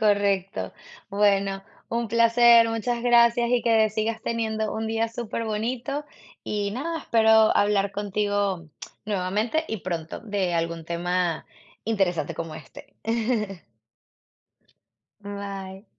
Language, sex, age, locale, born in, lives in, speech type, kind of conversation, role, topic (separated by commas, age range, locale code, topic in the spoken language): Spanish, female, 35-39, es-VE, Venezuela, United States, conversation, unstructured, none, ¿Te parece importante escuchar más que hablar durante un conflicto?